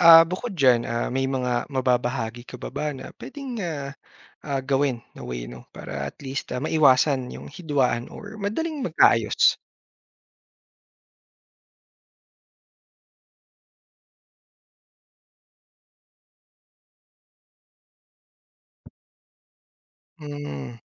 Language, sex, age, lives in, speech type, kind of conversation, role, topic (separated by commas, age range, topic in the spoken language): Filipino, male, 30-34, Philippines, conversation, podcast, host, Paano haharapin ang hidwaan sa pagitan ng magulang at anak?